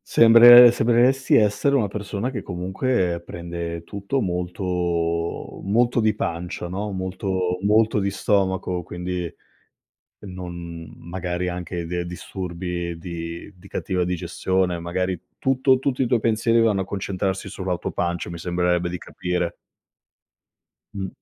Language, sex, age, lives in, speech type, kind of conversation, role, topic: Italian, male, 35-39, Italy, conversation, advice, advisor, Come posso gestire l’insonnia legata all’ansia e ai pensieri ricorrenti prima di addormentarmi?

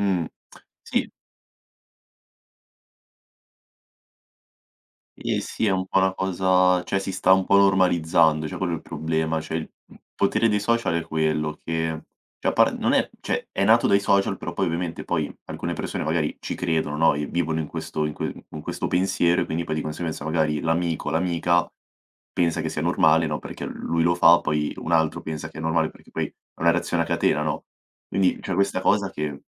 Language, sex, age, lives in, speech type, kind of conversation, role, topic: Italian, male, 18-19, Italy, conversation, podcast, host, Come parlare con un partner che controlla sempre il tuo telefono?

